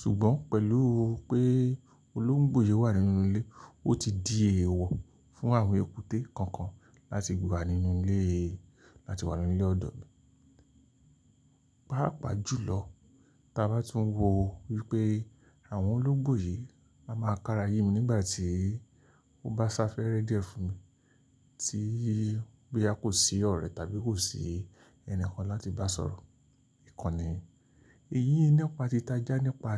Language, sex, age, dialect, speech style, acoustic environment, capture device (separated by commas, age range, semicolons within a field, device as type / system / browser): Yoruba, male, 45-54, Nigeria - Lagos Yoruba, relaxed, nature; silence, mobile / Linux / Chrome